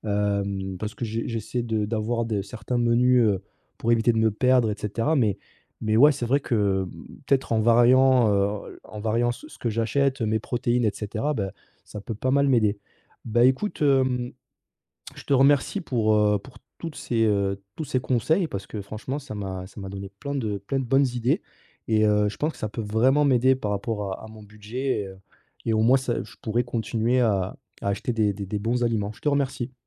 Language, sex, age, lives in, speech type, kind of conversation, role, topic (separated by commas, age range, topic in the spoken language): French, male, 30-34, France, conversation, advice, user, Comment faire pour acheter des aliments frais et sains avec un budget serré ?